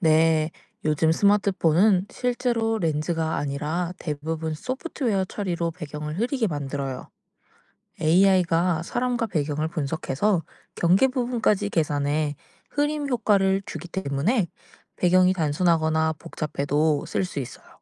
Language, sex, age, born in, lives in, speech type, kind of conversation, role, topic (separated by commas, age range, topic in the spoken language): Korean, female, 20-24, South Korea, Japan, read, expert-assistant, expert, 스마트폰 카메라가 인물 사진을 더 선명하게 만드는 기술은 무엇인가요?